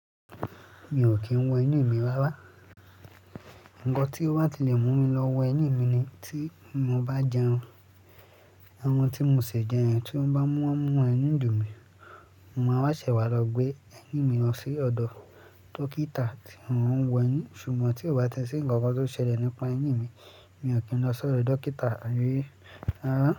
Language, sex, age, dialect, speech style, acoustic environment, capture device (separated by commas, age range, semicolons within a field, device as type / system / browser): Yoruba, male, 18-24, Nigeria - Lagos Yoruba, focused, traffic; construction; appliances; music; people talking, mobile / Linux / Chrome